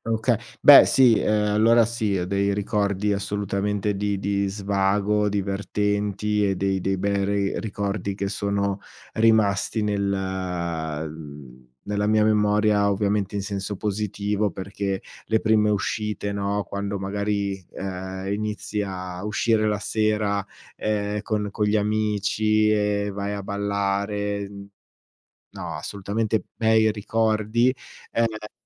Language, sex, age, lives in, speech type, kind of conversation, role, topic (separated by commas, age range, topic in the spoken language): Italian, male, 40-44, Italy, conversation, podcast, guest, Che ruolo hanno le feste nel tenere unite le persone?